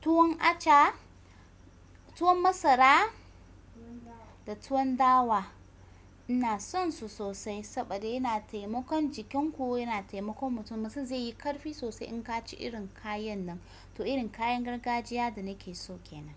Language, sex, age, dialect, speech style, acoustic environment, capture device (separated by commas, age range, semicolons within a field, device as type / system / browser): Hausa, female, 25-34, Nigeria - Kano, relaxed, nature, desktop / Windows / Chrome